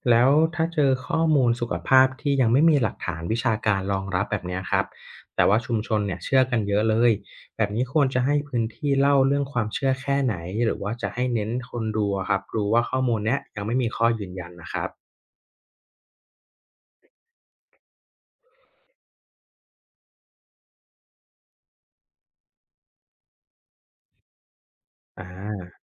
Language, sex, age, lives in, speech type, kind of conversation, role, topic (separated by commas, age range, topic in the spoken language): Thai, male, 30-34, Thailand, read, expert-assistant, asker, เวลาต้องหาข้อมูลเรื่องความเชื่อเกี่ยวกับสุขภาพในแต่ละชุมชน คุณมีวิธีเลือกแหล่งข้อมูลที่ไว้ใจได้ยังไงบ้าง